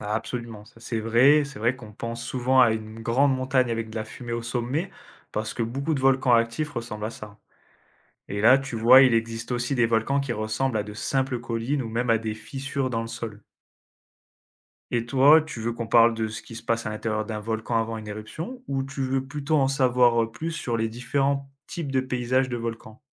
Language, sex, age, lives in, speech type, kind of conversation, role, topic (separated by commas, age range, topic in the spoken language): French, male, 25-29, France, read, expert-assistant, expert, Comment les volcans se forment-ils ?